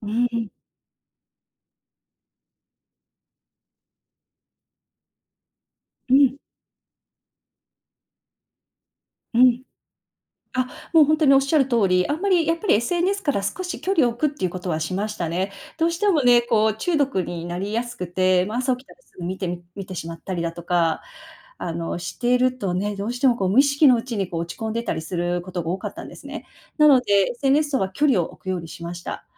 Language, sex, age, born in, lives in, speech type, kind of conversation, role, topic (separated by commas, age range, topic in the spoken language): Japanese, female, 40-44, Japan, United States, conversation, podcast, guest, 比較をやめるために何をしましたか？